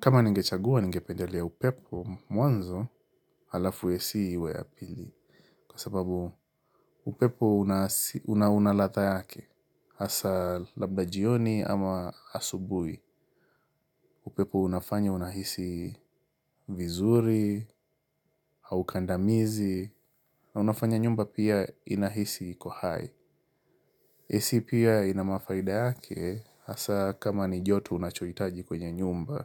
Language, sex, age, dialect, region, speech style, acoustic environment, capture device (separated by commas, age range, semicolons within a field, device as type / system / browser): Swahili, male, 25-34, Kenya - Mombasa, Kenya, happy, silence, mobile / Linux / Chrome